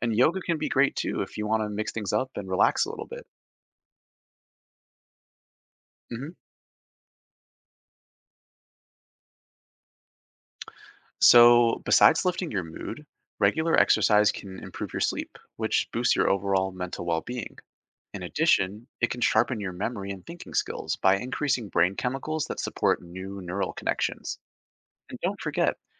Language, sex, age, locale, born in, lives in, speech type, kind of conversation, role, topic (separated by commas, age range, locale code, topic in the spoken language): English, male, 25-29, en-US, Canada, United States, read, expert-assistant, expert, What impact does regular exercise have on mental health and mood?